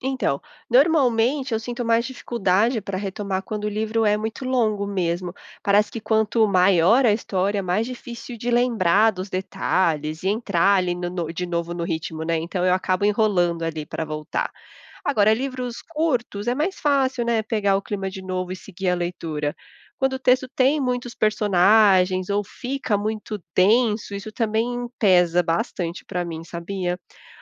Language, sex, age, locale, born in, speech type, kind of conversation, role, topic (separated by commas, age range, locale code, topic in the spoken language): Portuguese, female, 30-34, pt-BR, Brazil, read, expert-assistant, asker, Como tornar a leitura dos clássicos da literatura mais leve e interessante?